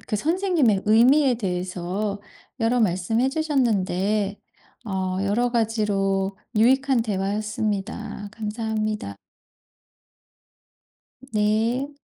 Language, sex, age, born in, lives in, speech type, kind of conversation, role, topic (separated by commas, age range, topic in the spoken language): Korean, female, 50-54, South Korea, United States, conversation, podcast, host, 좋은 선생님이란 어떤 분이라고 생각하시나요?